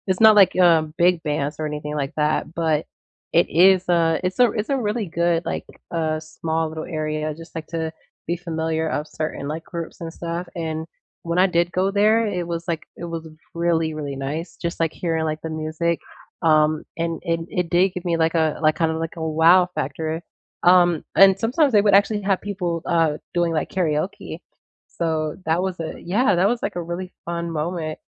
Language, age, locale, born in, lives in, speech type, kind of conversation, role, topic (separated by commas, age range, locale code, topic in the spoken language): English, 18-19, en-US, United States, United States, conversation, unstructured, none, What live theater experiences have given you goosebumps?